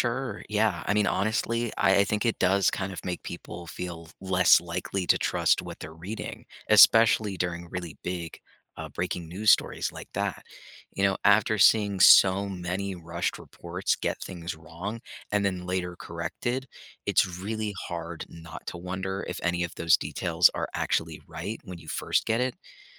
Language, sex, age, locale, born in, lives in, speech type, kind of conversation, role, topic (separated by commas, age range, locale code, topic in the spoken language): English, male, 25-29, en-US, United States, United States, read, expert-assistant, asker, What is journalistic integrity and why is it important?